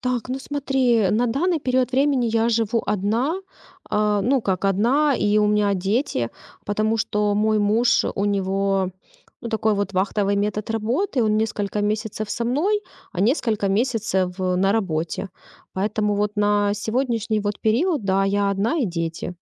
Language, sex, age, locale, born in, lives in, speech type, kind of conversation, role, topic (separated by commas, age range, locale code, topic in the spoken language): Russian, female, 35-39, ru-RU, Ukraine, Spain, conversation, advice, user, Как увидеть смысл в ежедневных рутинных делах?